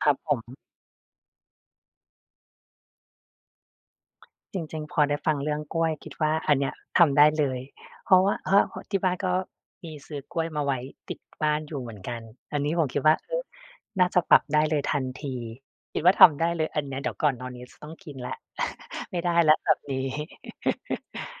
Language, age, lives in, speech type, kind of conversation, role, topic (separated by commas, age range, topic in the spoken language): Thai, 35-39, Thailand, conversation, advice, user, ฉันอยากดูแลสุขภาพแต่ไม่มีแรงและไม่มีเวลาว่าง ควรทำอย่างไรดี?